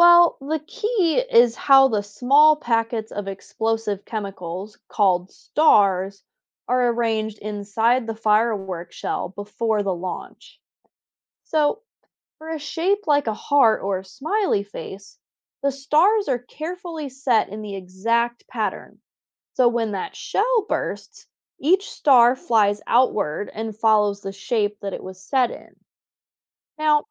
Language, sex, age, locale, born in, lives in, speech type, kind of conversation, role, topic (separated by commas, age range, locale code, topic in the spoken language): English, female, 25-29, en-US, United States, United States, read, expert-assistant, expert, What causes different colors in fireworks?